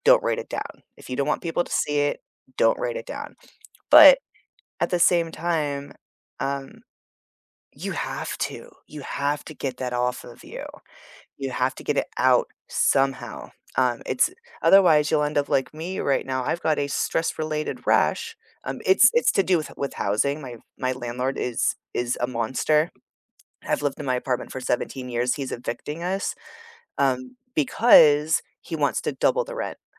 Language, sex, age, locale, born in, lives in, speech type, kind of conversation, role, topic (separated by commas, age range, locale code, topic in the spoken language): English, female, 40-44, en-US, United States, United States, conversation, unstructured, none, Which weekend rituals help you reset and recharge, and what makes them meaningful to you?